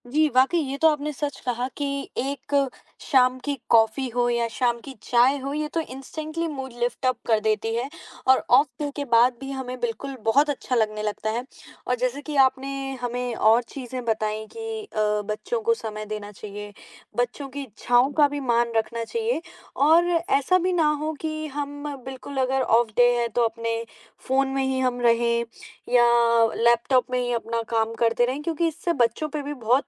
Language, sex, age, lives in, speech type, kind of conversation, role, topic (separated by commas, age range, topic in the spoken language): Hindi, female, 20-24, India, conversation, podcast, host, Off day ke baad tum kaise wapas momentum laate ho?